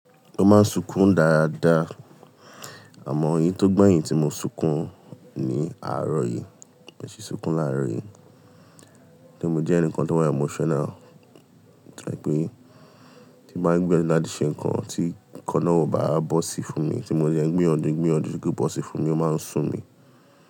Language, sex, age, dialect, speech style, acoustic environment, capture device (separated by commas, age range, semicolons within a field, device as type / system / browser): Yoruba, male, 25-34, Nigeria - Lagos Yoruba, tired; relaxed, traffic; appliances; people talking, mobile / Linux / Chrome